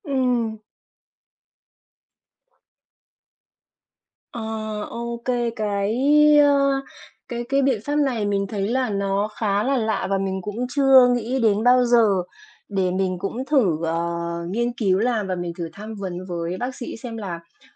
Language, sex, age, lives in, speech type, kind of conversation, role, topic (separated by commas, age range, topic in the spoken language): Vietnamese, female, 45-49, Vietnam, conversation, advice, user, Vì sao tôi thường bị hoảng loạn hoặc tim đập nhanh khi căng thẳng?